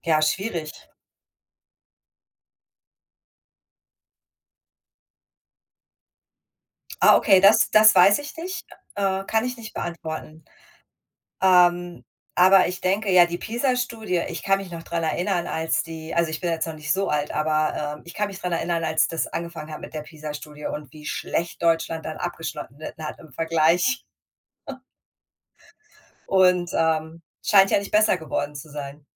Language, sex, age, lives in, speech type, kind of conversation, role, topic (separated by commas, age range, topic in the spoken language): German, female, 40-44, United States, conversation, unstructured, none, Wie viel Leistungsdruck ist in der Schule zu viel?